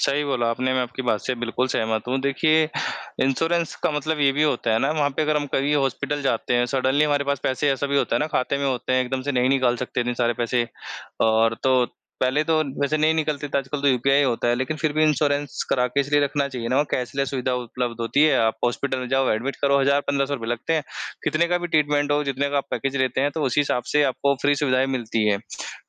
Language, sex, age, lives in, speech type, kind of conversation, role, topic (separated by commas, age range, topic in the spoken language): Hindi, male, 25-29, India, conversation, unstructured, none, पैसे बचाने का सबसे अच्छा तरीका क्या है?